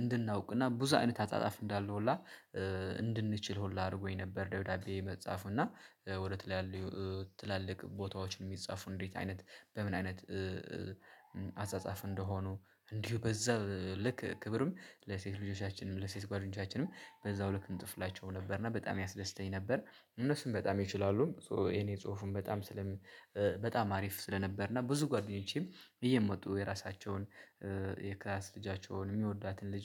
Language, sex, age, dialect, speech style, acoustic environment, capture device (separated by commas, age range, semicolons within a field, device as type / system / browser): Amharic, male, 25-34, Ethiopia - Addis Ababa, relaxed; excited, silence; nature, mobile / Linux / Chrome